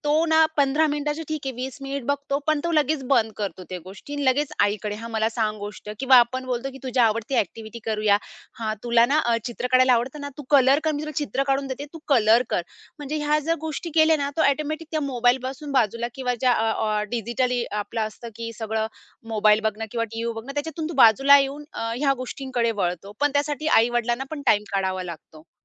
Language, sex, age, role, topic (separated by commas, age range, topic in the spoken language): Marathi, female, 30-34, guest, घरातल्या लहान मुलांना तुम्ही रोज कोणत्या परंपरा शिकवता?